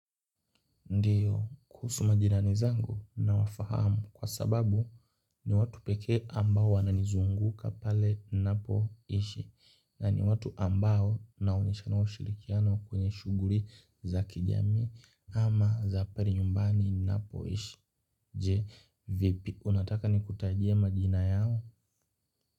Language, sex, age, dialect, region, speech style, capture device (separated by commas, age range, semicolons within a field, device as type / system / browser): Swahili, male, 18-24, Kenya - Nairobi Sheng-influenced, Kenya, happy, mobile / Linux / Chrome